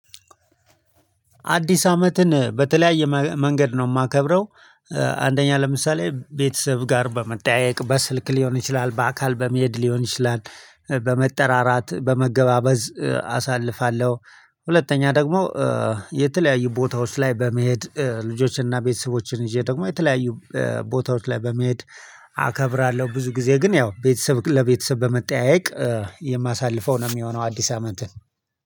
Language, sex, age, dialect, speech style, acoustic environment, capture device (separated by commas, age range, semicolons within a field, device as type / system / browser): Amharic, male, 35-44, Ethiopia - Addis Ababa, excited, people talking, mobile / Linux / Chrome